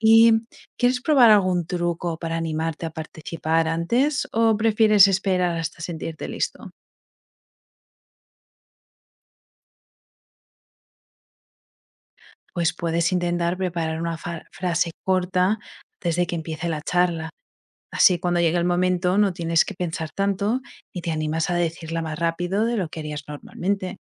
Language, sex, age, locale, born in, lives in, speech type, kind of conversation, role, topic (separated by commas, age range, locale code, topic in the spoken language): Spanish, female, 25-29, es-ES, Spain, United States, read, expert-assistant, expert, ¿Cómo afectan las redes sociales a la comunicación entre jóvenes?